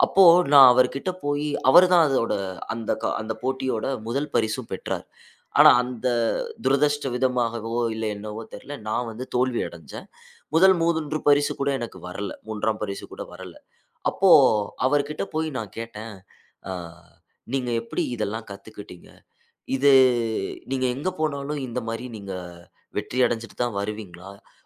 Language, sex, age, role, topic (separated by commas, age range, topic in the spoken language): Tamil, male, 25-29, guest, தோல்வி உங்கள் கலை அடையாளத்தை எப்படிச் மாற்றியது?